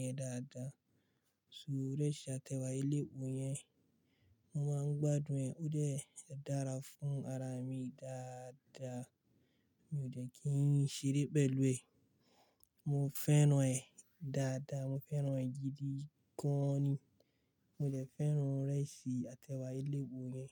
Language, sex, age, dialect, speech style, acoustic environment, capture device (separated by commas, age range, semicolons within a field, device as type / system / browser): Yoruba, male, 25-34, Nigeria - Kano, relaxed, silence, mobile / Linux / Chrome